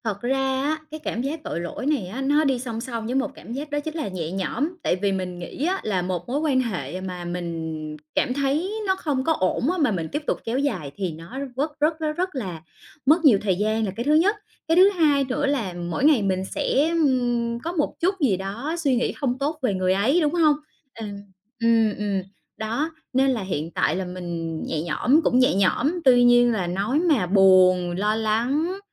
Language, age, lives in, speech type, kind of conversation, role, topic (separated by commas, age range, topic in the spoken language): Vietnamese, 30-34, Vietnam, conversation, advice, user, Làm sao để vượt qua cảm giác tội lỗi khi bạn chủ động chia tay và tự an ủi bản thân?